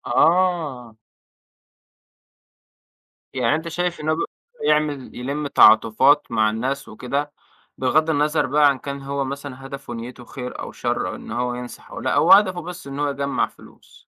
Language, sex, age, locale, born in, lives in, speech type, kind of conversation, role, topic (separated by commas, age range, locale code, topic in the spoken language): Arabic, male, 25-29, ar-EG, Egypt, Egypt, conversation, podcast, host, إزاي أقدر أحوّل وقت فراغي لفرص للتعلّم بطرق بسيطة؟